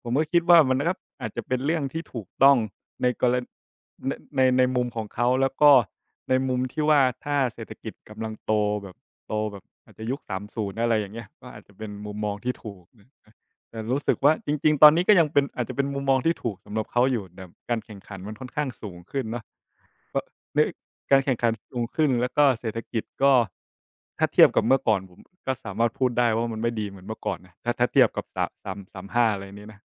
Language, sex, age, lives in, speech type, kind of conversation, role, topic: Thai, male, 25-29, Thailand, conversation, unstructured, none, ข่าวเศรษฐกิจตอนนี้ส่งผลต่อการใช้ชีวิตของคุณอย่างไร?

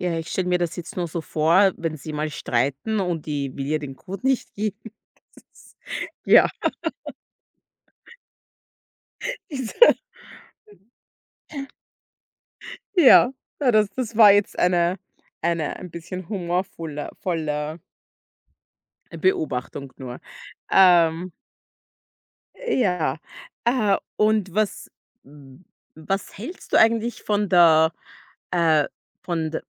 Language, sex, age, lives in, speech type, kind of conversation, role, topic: German, female, 30-34, United States, conversation, podcast, host, Wie gehst du mit ständigen Benachrichtigungen um?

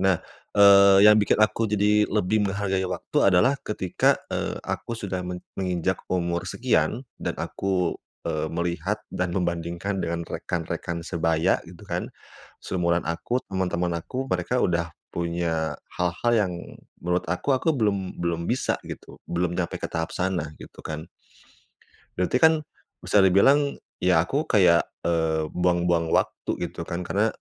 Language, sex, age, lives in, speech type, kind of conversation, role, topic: Indonesian, male, 30-34, Indonesia, conversation, podcast, guest, Pernahkah kamu memakai sesuatu yang membuat rasa percaya dirimu terasa berbeda?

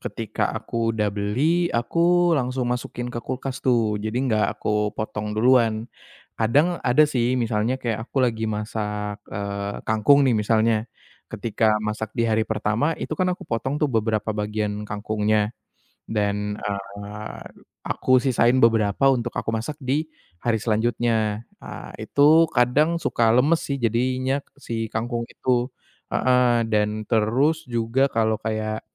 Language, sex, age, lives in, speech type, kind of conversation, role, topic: Indonesian, male, 25-29, Indonesia, conversation, advice, user, Bagaimana cara menyimpan makanan agar tetap bergizi dan segar?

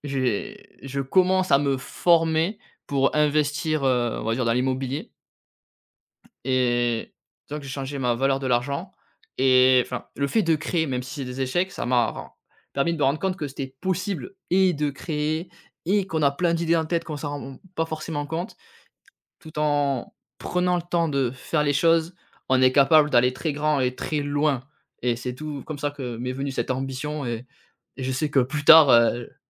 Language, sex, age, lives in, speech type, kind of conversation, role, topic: French, male, 30-34, France, conversation, podcast, guest, Fais-tu plus souvent confiance à ton instinct ou à la logique ?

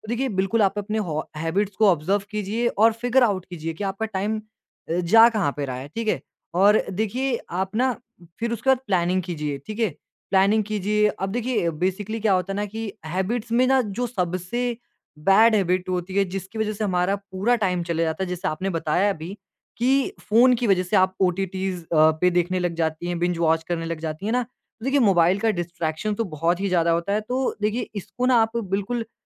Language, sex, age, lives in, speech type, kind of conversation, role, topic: Hindi, male, 20-24, India, conversation, advice, advisor, आप बार-बार देर से क्यों पहुँचते हैं और समय का सही इस्तेमाल क्यों नहीं कर पाते हैं?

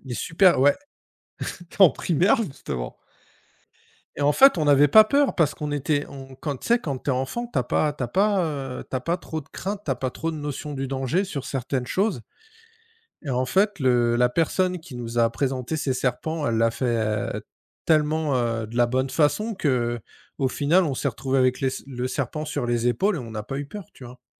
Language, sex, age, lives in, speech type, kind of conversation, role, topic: French, male, 45-49, France, conversation, unstructured, none, Comment l’école pourrait-elle être plus amusante ?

